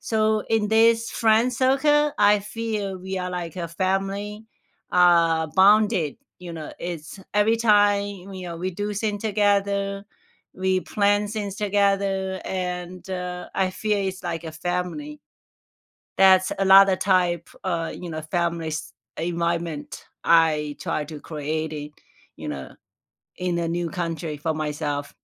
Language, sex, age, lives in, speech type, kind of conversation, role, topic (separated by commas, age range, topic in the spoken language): English, female, 45-49, United States, conversation, unstructured, none, Where do you feel most at home, and what personal touches and memories make it special to you?